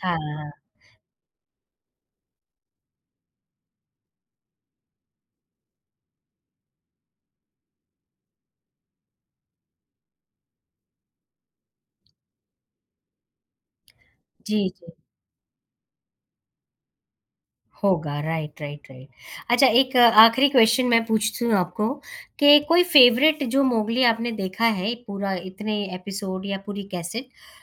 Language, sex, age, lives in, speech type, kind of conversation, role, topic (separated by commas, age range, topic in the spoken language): Hindi, female, 35-39, India, conversation, podcast, host, Kya koi TV show ya cartoon tha jo tum hamesha dekhte the?